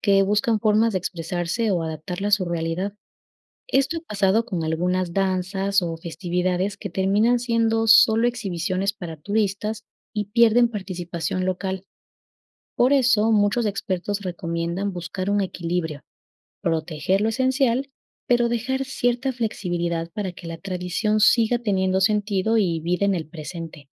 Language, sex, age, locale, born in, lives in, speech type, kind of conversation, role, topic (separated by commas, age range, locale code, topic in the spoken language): Spanish, female, 25-29, es-EC, Ecuador, Spain, read, expert-assistant, expert, ¿Cómo cambia la globalización las tradiciones y costumbres locales?